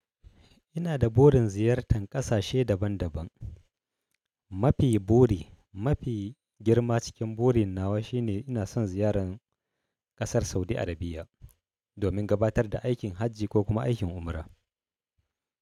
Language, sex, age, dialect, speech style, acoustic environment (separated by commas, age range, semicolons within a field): Hausa, male, 35-44, Nigeria - Kano, happy, silence